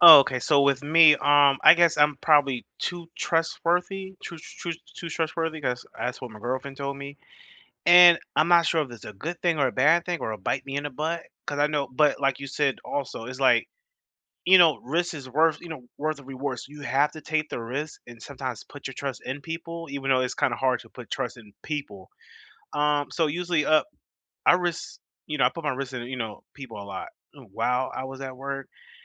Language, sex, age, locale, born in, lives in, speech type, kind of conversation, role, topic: English, male, 30-34, en-US, United States, United States, conversation, unstructured, none, How do you decide which risks are worth taking and who to trust at work?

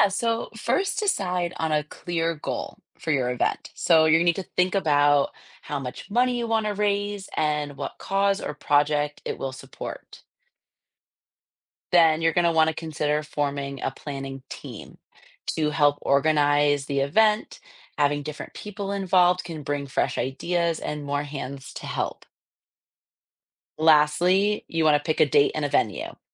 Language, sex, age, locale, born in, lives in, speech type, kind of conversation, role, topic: English, female, 30-34, en-US, United States, United States, read, expert-assistant, expert, What are the key steps in organizing a community fundraising event?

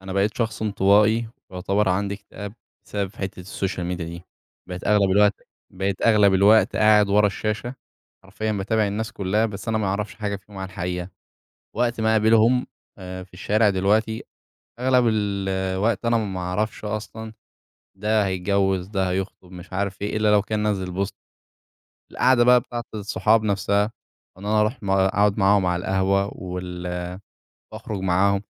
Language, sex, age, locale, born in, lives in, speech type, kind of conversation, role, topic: Arabic, male, 20-24, ar-EG, Egypt, Egypt, conversation, podcast, guest, إزاي السوشيال ميديا بتأثر على أسلوبك؟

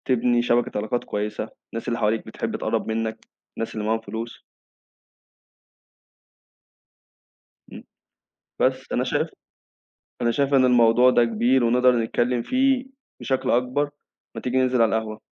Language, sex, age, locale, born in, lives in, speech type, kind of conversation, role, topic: Arabic, male, 20-24, ar-EG, Egypt, Egypt, conversation, unstructured, none, هل الفلوس ساعدتك قبل كده إنك تحقق حلم من أحلامك؟ إزاي؟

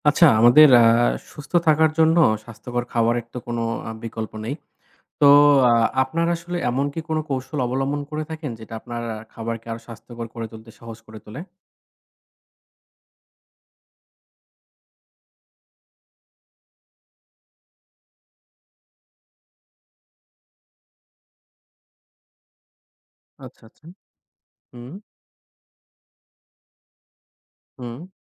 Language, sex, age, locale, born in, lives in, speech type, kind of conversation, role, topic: Bengali, male, 25-29, bn-BD, Bangladesh, Bangladesh, conversation, podcast, host, আপনি কীভাবে বাড়িতে স্বাস্থ্যকর খাবার রান্না সহজ করে তোলেন?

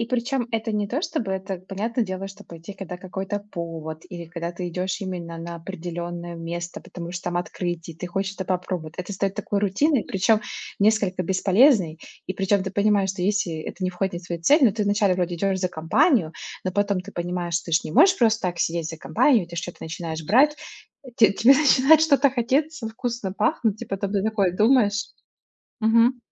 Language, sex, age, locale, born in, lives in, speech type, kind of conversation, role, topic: Russian, female, 30-34, ru-RU, Russia, United States, conversation, unstructured, none, Почему многим людям сложно регулярно откладывать деньги?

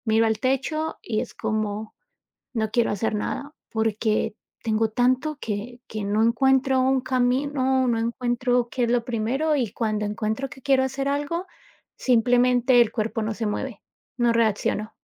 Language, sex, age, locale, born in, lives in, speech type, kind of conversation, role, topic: Spanish, female, 35-39, es-CO, United States, United States, conversation, advice, user, ¿Cómo te has sentido cuando el estrés diario te abruma y no logras calmar la mente?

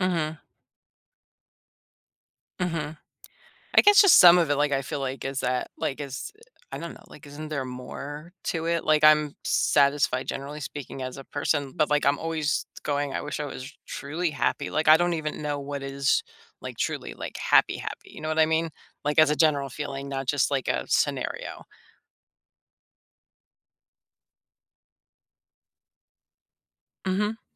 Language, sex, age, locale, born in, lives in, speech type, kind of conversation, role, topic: English, female, 50-54, en-US, United States, United States, conversation, advice, user, How do I figure out what truly brings me joy?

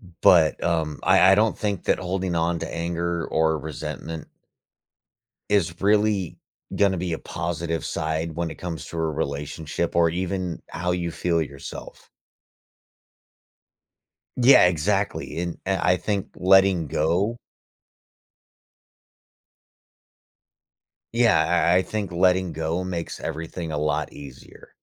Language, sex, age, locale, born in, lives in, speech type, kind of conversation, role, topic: English, male, 35-39, en-US, United States, United States, conversation, unstructured, none, How does forgiveness affect your life?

